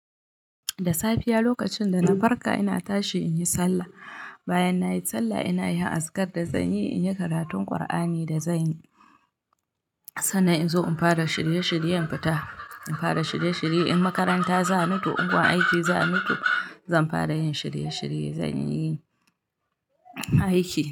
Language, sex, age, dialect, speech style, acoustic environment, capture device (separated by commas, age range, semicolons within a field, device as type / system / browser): Hausa, female, 25-34, Nigeria - Kano, happy, nature; people talking, mobile / Linux / Chrome